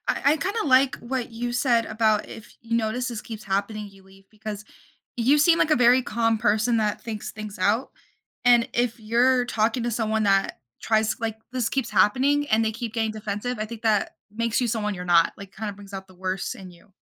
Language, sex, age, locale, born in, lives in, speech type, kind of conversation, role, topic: English, female, 18-19, en-US, United States, United States, conversation, podcast, host, What are effective ways to communicate your emotions while maintaining healthy relationships?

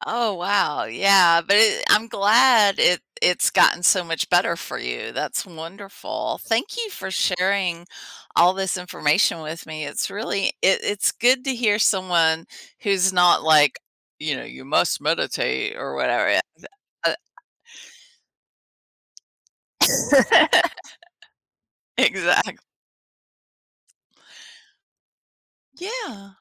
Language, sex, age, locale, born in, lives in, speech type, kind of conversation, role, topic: English, female, 50-54, en-US, United States, United States, conversation, podcast, host, What habits or changes have helped you handle stress more effectively over time?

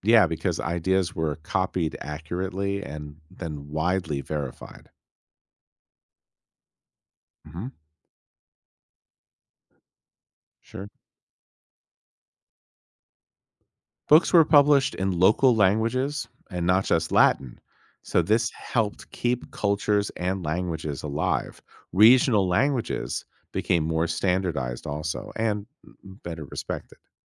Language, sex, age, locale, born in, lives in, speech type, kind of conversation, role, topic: English, male, 50-54, en-US, United States, United States, read, expert-assistant, expert, How did the invention of the printing press impact society?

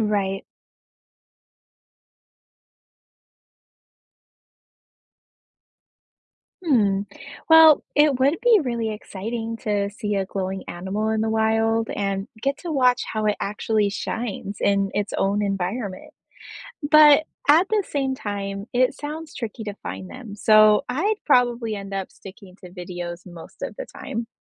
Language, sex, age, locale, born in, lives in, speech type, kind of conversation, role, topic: English, female, 30-34, en-US, United States, United States, read, expert-assistant, asker, How and why do animals produce light?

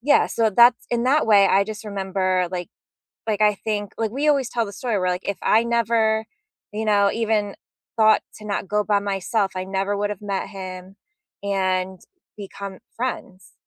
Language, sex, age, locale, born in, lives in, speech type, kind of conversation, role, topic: English, female, 35-39, en-US, United States, United States, conversation, unstructured, none, How do you usually meet new people where you live, and what helps those connections grow?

